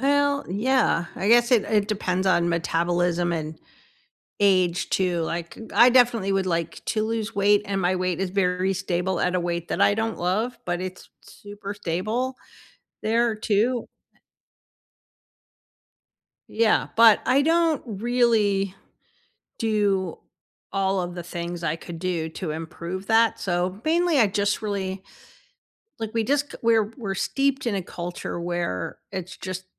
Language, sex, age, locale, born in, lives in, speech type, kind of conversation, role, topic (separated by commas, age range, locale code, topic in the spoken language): English, female, 60-64, en-US, United States, United States, conversation, unstructured, none, What is an everyday mystery you still haven't figured out?